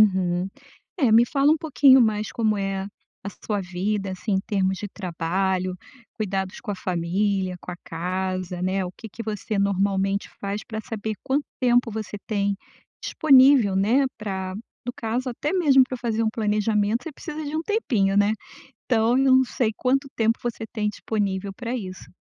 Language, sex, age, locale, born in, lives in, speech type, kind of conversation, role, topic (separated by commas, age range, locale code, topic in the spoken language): Portuguese, female, 55-59, pt-BR, Brazil, United States, conversation, advice, advisor, Como posso planejar minhas férias sem me sentir sobrecarregado?